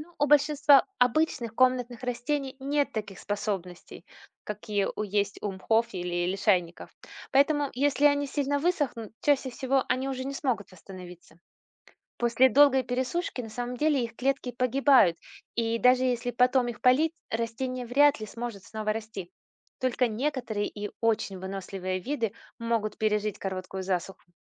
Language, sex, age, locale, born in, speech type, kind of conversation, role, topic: Russian, female, 40-44, ru-RU, Russia, read, expert-assistant, expert, Как растения получают воду из почвы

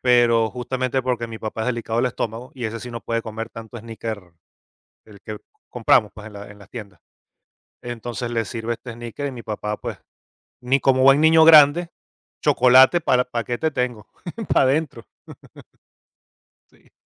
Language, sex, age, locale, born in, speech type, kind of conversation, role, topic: Spanish, male, 45-49, es-VE, Venezuela, conversation, podcast, guest, ¿Qué olor te trae recuerdos de la cocina de tu infancia?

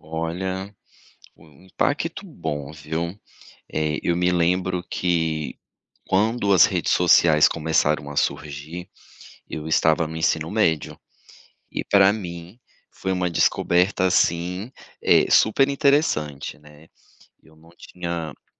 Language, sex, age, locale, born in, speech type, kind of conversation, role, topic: Portuguese, male, 35-39, pt-BR, Brazil, conversation, podcast, guest, Qual foi o impacto das redes sociais na sua criação?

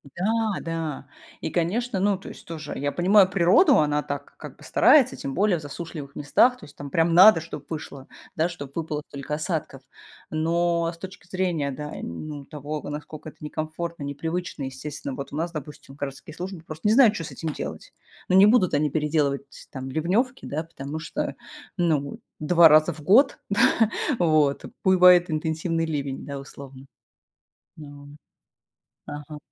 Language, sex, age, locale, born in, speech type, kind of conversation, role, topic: Russian, female, 35-39, ru-RU, Russia, conversation, unstructured, none, Какие природные явления вы видели своими глазами?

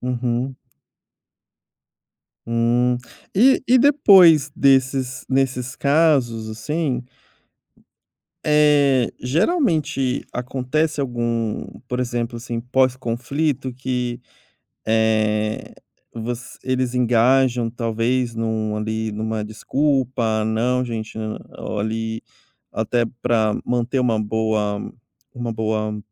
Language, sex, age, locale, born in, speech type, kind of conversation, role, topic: Portuguese, male, 30-34, pt-BR, Brazil, conversation, podcast, host, Como você costuma acalmar uma discussão acalorada?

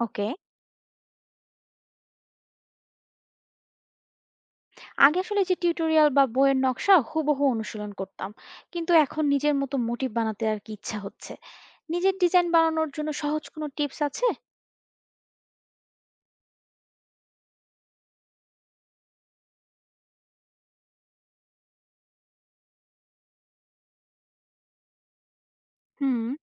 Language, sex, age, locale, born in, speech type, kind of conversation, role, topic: Bengali, female, 25-29, bn-BD, Bangladesh, read, expert-assistant, asker, লোকশিল্প শেখা বা চর্চা শুরু করার সহজ উপায় কী হতে পারে?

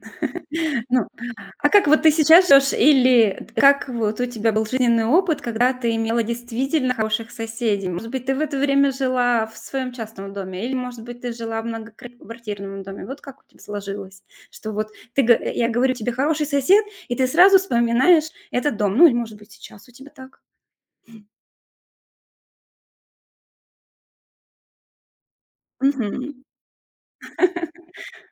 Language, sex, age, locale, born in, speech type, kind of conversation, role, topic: Russian, female, 40-44, ru-RU, Russia, conversation, podcast, host, Что для вас значит быть хорошим соседом?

